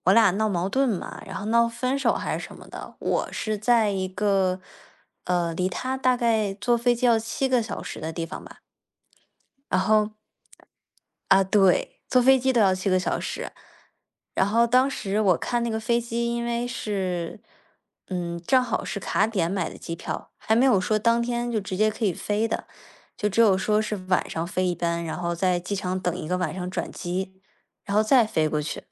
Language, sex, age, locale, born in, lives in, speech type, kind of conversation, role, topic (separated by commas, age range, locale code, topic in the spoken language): Chinese, female, 20-24, zh-CN, China, United States, conversation, unstructured, none, 你有没有因为恋爱做过什么疯狂的事？